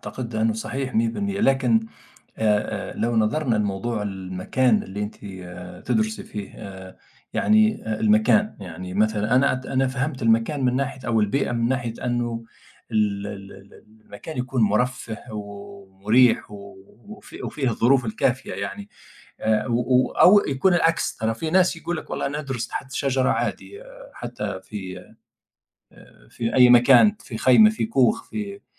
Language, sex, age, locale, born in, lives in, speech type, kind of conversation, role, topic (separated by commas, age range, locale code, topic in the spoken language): Arabic, male, 50-54, ar-TN, Tunisia, United States, conversation, unstructured, none, إيه اللي بيخليك تحس بالسعادة وإنت بتذاكر؟